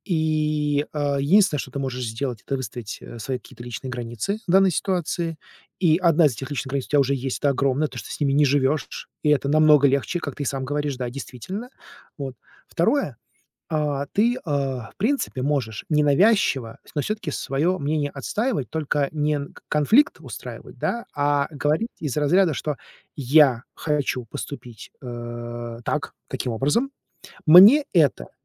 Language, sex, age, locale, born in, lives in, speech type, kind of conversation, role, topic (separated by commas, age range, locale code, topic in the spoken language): Russian, male, 40-44, ru-RU, Russia, United States, conversation, advice, advisor, Как перестать бояться ожиданий окружающих и справиться с давлением успеха?